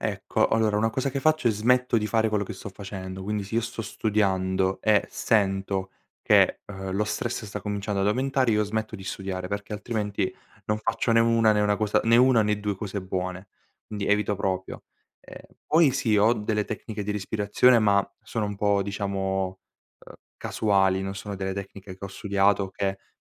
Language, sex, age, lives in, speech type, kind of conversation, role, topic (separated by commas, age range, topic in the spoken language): Italian, male, 18-19, Italy, conversation, podcast, guest, Come gestisci lo stress nella vita di tutti i giorni?